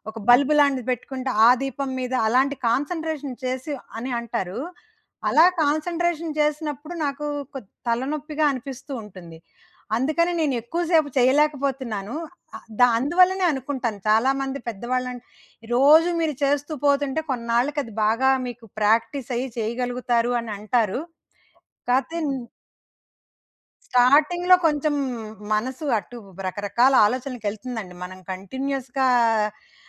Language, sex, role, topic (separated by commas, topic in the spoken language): Telugu, female, guest, మీరు ధ్యానం లేదా ప్రార్థనను అలవాటు చేసుకున్నారా, అలా చేయడం వల్ల మీ మనసు ఎలా అనిపిస్తుంది?